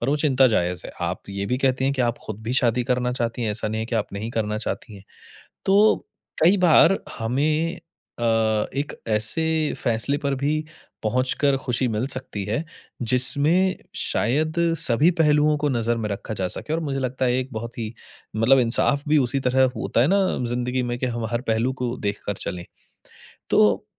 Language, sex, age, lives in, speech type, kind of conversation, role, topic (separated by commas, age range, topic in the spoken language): Hindi, male, 30-34, India, conversation, advice, advisor, चिंता कम करने के लिए मैं नियंत्रण पर ध्यान कैसे केंद्रित कर सकता/सकती हूँ?